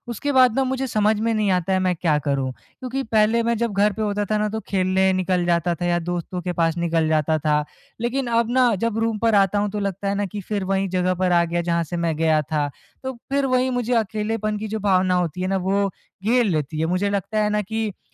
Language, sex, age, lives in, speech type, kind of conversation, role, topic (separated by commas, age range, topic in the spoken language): Hindi, male, 20-24, India, conversation, advice, user, मैं नई जगह पर सुरक्षित और स्थिर महसूस करने के लिए अपनी दिनचर्या कैसे बनाऊँ?